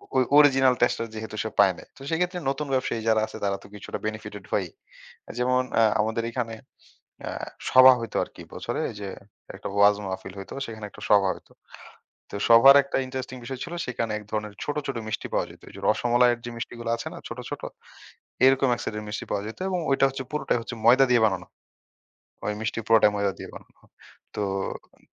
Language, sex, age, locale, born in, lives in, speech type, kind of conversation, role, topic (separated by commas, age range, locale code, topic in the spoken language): Bengali, male, 25-29, bn-BD, Bangladesh, Bangladesh, conversation, podcast, guest, দাদী-নানীর রান্নার পদ্ধতি কি এখনও আমাদের ঘরে চালু আছে?